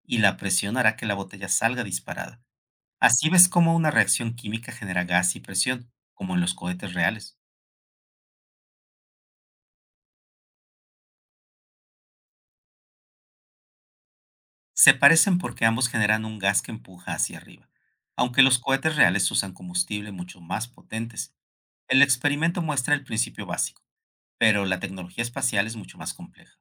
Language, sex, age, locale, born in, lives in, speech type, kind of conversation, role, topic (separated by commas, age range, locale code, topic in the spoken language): Spanish, male, 55-59, es-MX, Mexico, Mexico, read, expert-assistant, expert, ¿Cómo puedo aprender sobre exploración espacial sin perderme entre tantos datos?